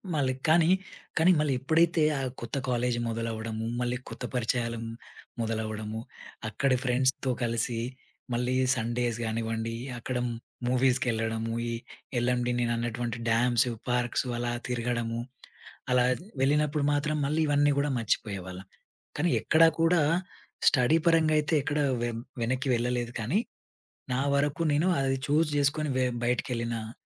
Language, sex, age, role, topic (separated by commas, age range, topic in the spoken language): Telugu, male, 30-34, guest, మీ కుటుంబం మీ జీవితంలో జరిగిన పెద్ద మార్పుపై ఎలా స్పందించింది?